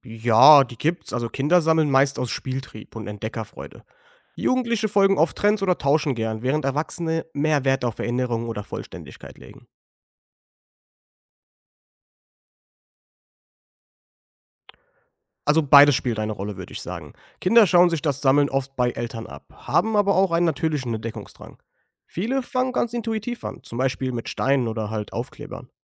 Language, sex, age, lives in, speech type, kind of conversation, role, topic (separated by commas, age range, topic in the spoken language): German, male, 25-29, Germany, read, expert-assistant, expert, Warum verspüren Menschen das Bedürfnis, Dinge zu sammeln – und was sagt das über unsere Persönlichkeit und Kultur aus?